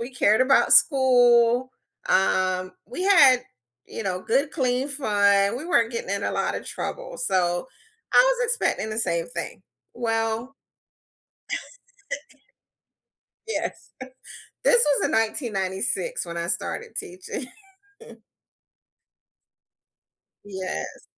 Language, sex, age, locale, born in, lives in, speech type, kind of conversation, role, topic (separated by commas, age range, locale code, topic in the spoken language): English, female, 50-54, en-US, United States, United States, conversation, unstructured, none, What was the biggest surprise you encountered in your first job?